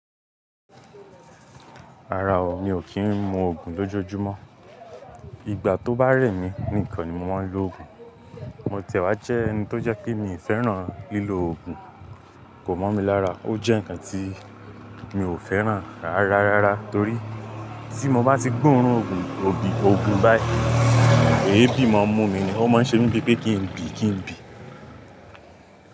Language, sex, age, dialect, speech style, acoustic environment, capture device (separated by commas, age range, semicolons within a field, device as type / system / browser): Yoruba, male, 18-24, Nigeria - Lagos Yoruba, tired; anxious, nature, mobile / Linux / Chrome